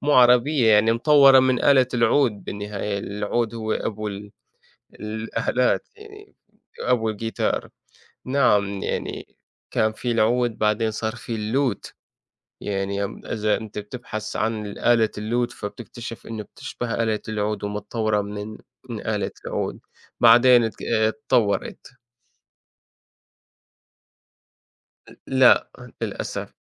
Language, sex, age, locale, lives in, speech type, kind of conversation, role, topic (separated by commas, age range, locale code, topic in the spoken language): Arabic, male, 25-29, ar-SY, United States, conversation, unstructured, none, إيه أكتر ذكرى بتحبها من حفلة موسيقية حضرتها؟